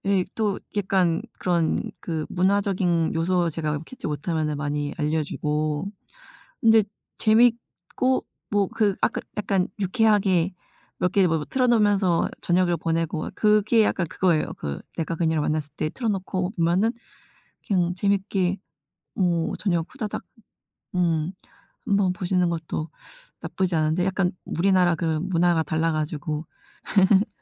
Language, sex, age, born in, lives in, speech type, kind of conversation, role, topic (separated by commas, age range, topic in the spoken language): Korean, female, 30-34, South Korea, United States, conversation, unstructured, none, 요즘 유행하는 드라마 중에 추천할 만한 게 있나요?